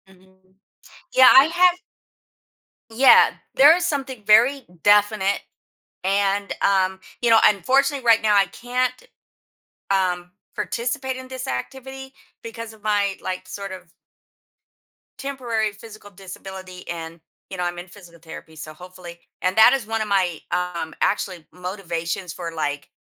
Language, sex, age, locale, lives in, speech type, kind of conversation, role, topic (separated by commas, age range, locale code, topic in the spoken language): English, female, 55-59, en-US, United States, conversation, advice, user, How can I make time for self-care daily?